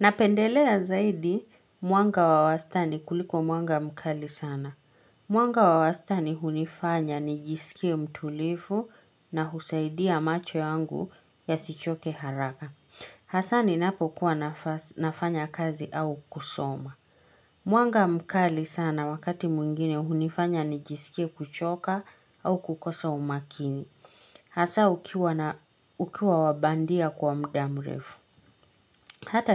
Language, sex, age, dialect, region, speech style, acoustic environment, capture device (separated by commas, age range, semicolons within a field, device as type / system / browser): Swahili, female, 25-34, Kenya - Nairobi Sheng-influenced, Kenya, happy, silence, mobile / Linux / Chrome